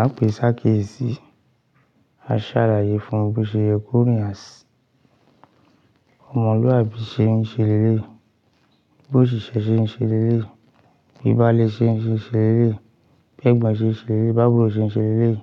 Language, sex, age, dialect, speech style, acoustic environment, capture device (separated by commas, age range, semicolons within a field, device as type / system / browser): Yoruba, male, 35-44, Nigeria - Ibadan, happy, silence, mobile / Linux / Chrome